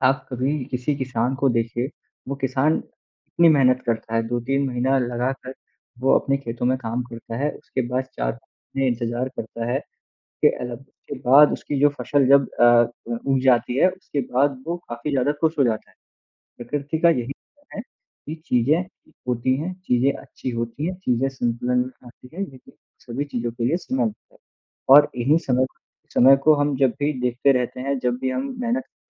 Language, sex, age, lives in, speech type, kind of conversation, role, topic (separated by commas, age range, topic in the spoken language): Hindi, male, 20-24, India, conversation, unstructured, none, आपका सबसे यादगार और प्रेरणादायक अनुभव क्या है?